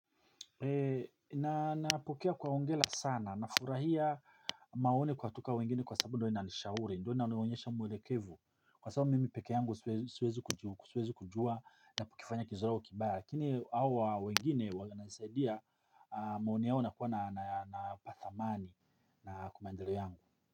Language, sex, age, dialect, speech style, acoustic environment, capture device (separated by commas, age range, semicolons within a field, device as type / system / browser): Swahili, male, 45-54, Tanzania - Dar es Salaam, happy, people talking, mobile / Linux / Chrome